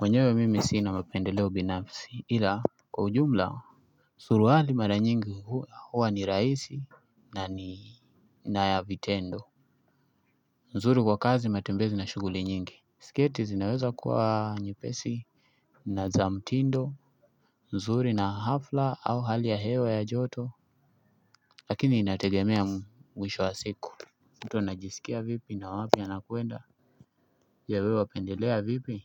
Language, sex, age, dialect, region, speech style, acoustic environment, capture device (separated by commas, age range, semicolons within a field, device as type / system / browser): Swahili, male, 25-34, Kenya - Mombasa, Kenya, anxious; happy; excited; stressed; focused, nature; music; people talking; appliances, desktop / Linux / Chrome